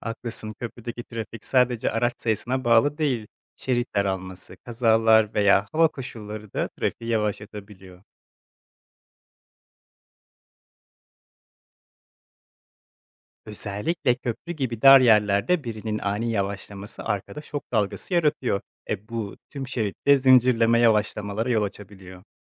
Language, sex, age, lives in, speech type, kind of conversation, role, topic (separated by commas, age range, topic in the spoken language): Turkish, male, 25-29, Poland, read, expert-assistant, expert, Bir şehirde ulaşım neden bazen tıkanıyor? Hangi etkenler buna yol açıyor olabilir?